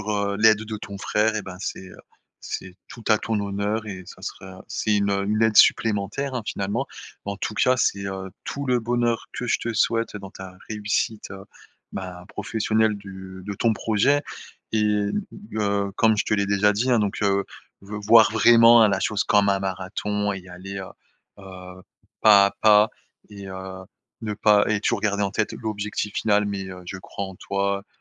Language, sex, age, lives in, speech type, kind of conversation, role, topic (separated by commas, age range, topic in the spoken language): French, male, 30-34, France, conversation, advice, advisor, Choisir le bon moment pour changer de vie